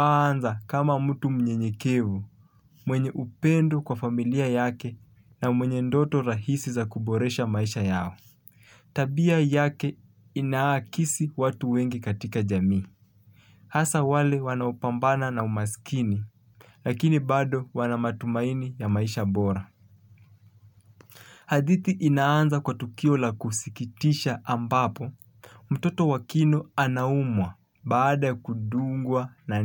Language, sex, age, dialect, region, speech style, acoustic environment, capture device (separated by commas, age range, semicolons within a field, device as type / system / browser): Swahili, male, 25-34, Kenya - Mombasa, Kenya, happy; focused; relaxed, nature; traffic, mobile / Linux / Chrome